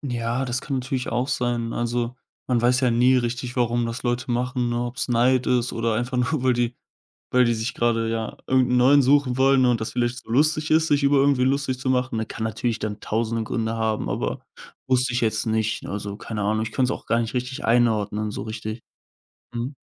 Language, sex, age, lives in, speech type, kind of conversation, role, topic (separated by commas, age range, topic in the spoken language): German, male, 20-24, Germany, conversation, advice, user, Wie kann ich lernen, besser mit Ablehnung umzugehen, ohne mich von der Meinung anderer beeinflussen zu lassen?